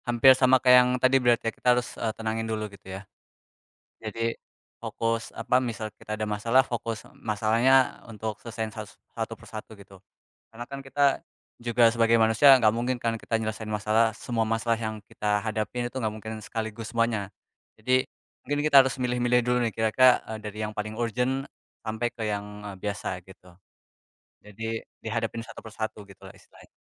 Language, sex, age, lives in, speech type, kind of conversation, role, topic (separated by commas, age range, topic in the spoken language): Indonesian, male, 20-24, Indonesia, conversation, unstructured, none, Kebiasaan kecil apa yang kamu lakukan untuk menjaga kesehatan mental?